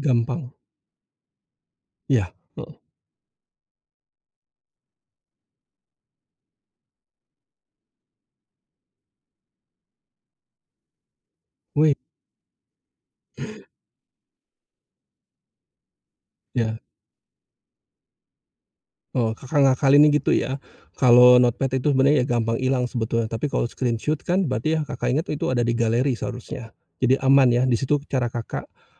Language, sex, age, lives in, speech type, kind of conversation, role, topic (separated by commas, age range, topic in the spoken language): Indonesian, male, 45-49, Indonesia, conversation, podcast, host, Bagaimana cara kamu mencatat atau menyimpan ide-ide yang muncul tiba-tiba?